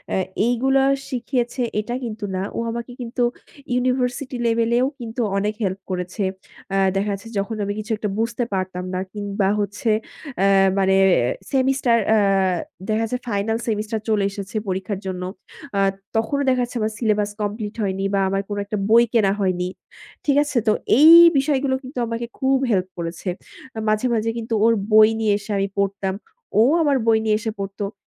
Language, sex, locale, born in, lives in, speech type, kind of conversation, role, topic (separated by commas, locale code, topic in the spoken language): Bengali, female, bn-BD, Bangladesh, Bangladesh, conversation, podcast, guest, নতুন শহরে গিয়ে কীভাবে বন্ধু বানাবেন?